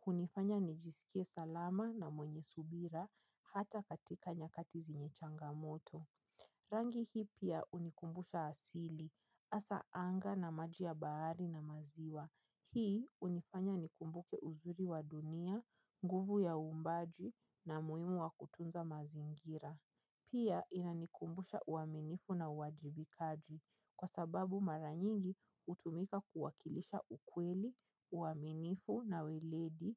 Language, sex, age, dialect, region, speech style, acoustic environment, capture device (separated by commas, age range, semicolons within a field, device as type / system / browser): Swahili, female, 25-34, Kenya - Nairobi Sheng-influenced, Kenya, happy, nature, mobile / Linux / Chrome